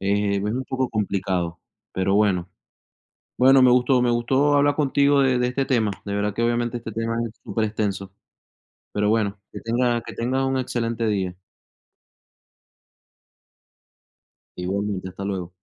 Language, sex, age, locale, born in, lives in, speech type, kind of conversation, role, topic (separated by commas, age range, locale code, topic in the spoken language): Spanish, male, 30-34, es-VE, Venezuela, United States, conversation, unstructured, none, ¿Qué significa para ti la confianza en una pareja?